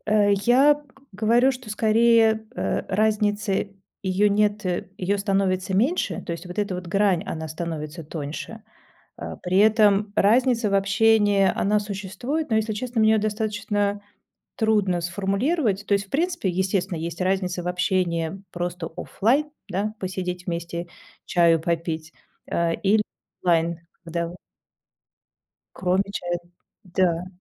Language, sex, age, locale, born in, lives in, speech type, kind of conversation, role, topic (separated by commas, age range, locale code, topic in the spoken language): Russian, female, 45-49, ru-RU, Russia, Germany, conversation, podcast, guest, Чем, по-твоему, онлайн-дружба отличается от дружбы в реальной жизни?